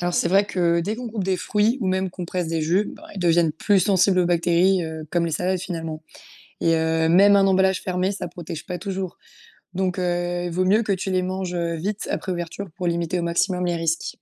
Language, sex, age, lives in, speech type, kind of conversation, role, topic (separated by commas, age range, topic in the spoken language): French, female, 20-24, France, read, expert-assistant, expert, Pourquoi certains aliments deviennent-ils parfois dangereux alors qu’on pensait qu’ils étaient sûrs ?